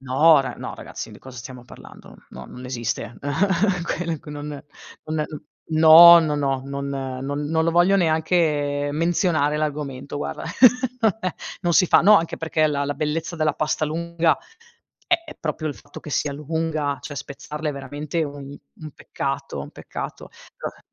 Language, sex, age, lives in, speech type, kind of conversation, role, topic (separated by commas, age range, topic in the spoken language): Italian, female, 35-39, Italy, conversation, podcast, guest, Come insegneresti a qualcuno a cucinare la pasta perfetta?